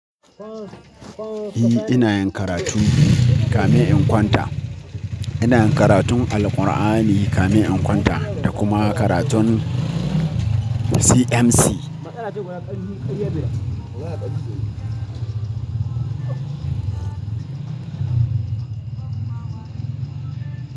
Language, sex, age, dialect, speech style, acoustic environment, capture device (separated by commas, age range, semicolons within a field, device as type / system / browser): Hausa, male, 25-34, Nigeria - Katsina, anxious; excited; focused; happy, people talking; construction; music; appliances, mobile / Linux / Chrome